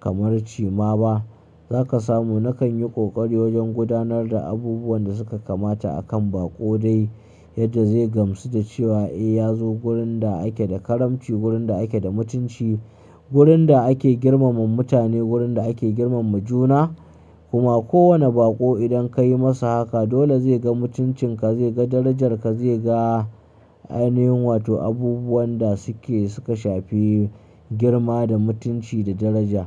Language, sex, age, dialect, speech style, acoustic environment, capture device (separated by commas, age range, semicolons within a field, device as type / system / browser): Hausa, male, 25-34, Nigeria - Kano, happy; anxious, nature; silence, mobile / Linux / Chrome